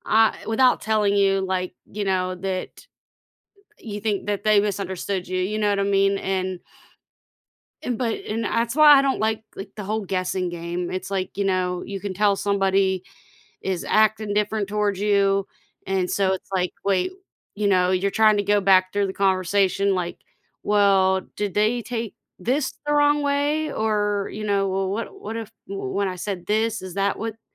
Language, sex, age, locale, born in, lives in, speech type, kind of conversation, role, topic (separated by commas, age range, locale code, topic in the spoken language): English, female, 35-39, en-US, United States, United States, conversation, podcast, guest, What are some effective ways to resolve misunderstandings in conversations?